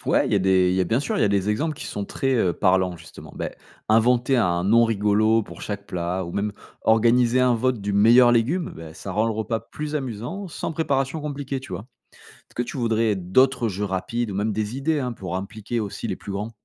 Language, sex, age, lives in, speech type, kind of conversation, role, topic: French, male, 35-39, France, read, expert-assistant, expert, Que peut-on faire au quotidien pour aider son corps à mieux résister aux microbes ?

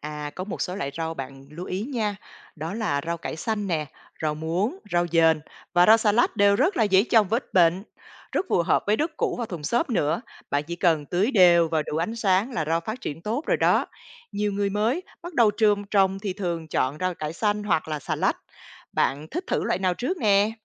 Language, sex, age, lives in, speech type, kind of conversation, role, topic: Vietnamese, female, 45-49, Vietnam, read, expert-assistant, expert, Giữa rau mua ở chợ và rau tự trồng tại nhà, cách nào an toàn hơn cho bữa ăn gia đình?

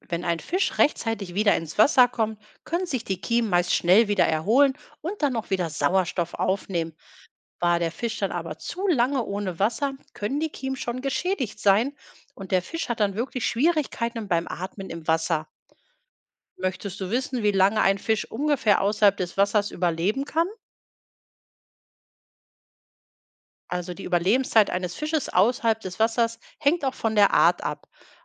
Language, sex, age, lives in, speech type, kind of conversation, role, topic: German, female, 45-49, Germany, read, expert-assistant, expert, Warum können Fische unter Wasser atmen?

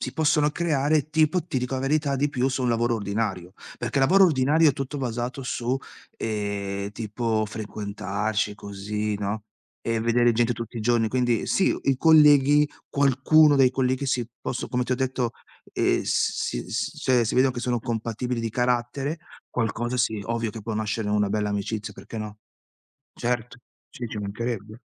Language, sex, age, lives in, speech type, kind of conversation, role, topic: Italian, male, 40-44, Italy, conversation, unstructured, none, Qual è il valore dell’amicizia nella società di oggi?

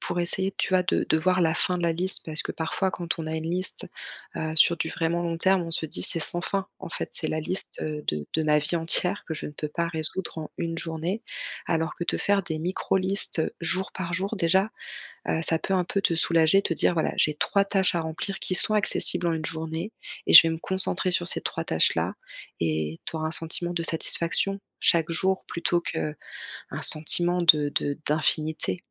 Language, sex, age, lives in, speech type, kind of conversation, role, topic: French, female, 35-39, France, conversation, advice, advisor, Comment puis-je magasiner sans me sentir dépassé et stressé ?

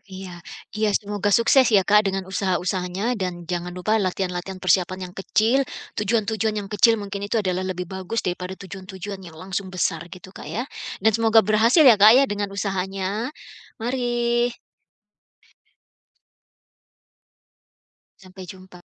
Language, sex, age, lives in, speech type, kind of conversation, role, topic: Indonesian, female, 45-49, United States, conversation, advice, advisor, Bagaimana cara mengatasi rasa takut gagal saat mencoba hal-hal baru?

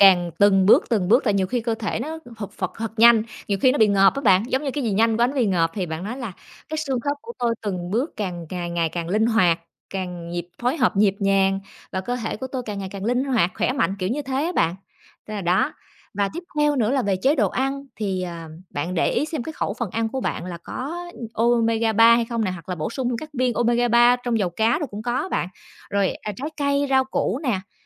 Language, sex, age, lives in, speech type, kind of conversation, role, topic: Vietnamese, female, 30-34, Vietnam, conversation, advice, advisor, Bạn mới được chẩn đoán bệnh gì và vì sao điều đó khiến bạn lo lắng về tương lai sức khỏe của mình?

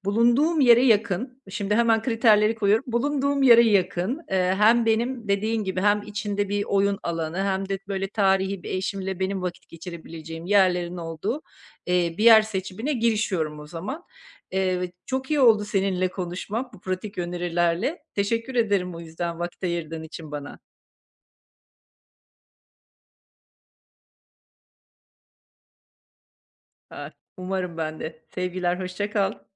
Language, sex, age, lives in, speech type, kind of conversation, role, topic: Turkish, female, 50-54, Portugal, conversation, advice, user, Seyahat planlarken belirsizlik ve kararsızlıkla nasıl başa çıkabilirim?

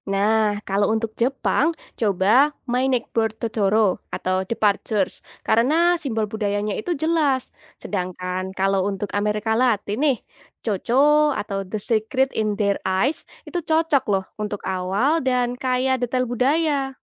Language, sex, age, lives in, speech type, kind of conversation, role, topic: Indonesian, female, 20-24, Indonesia, read, expert-assistant, expert, Apa cara sederhana untuk mulai membandingkan dua cerita dari budaya yang berbeda?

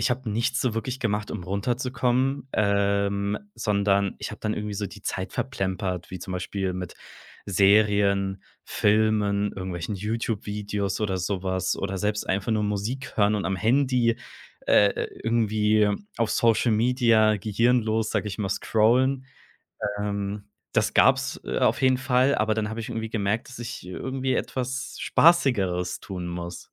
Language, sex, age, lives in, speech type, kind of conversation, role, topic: German, male, 25-29, Germany, conversation, podcast, guest, Was hilft dir abends wirklich beim Runterkommen?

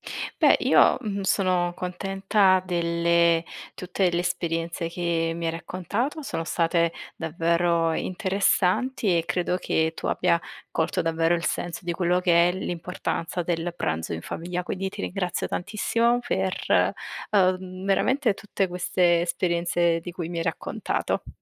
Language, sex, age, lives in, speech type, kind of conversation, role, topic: Italian, female, 25-29, Italy, conversation, podcast, host, Che ruolo ha per te il pranzo in famiglia?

